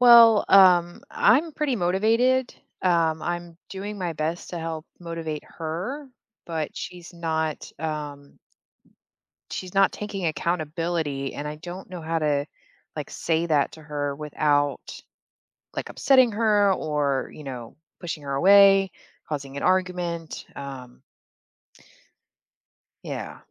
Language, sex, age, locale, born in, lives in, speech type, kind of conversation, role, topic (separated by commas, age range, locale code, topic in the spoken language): English, female, 35-39, en-US, Germany, United States, conversation, advice, user, How can I express disagreement without starting an argument?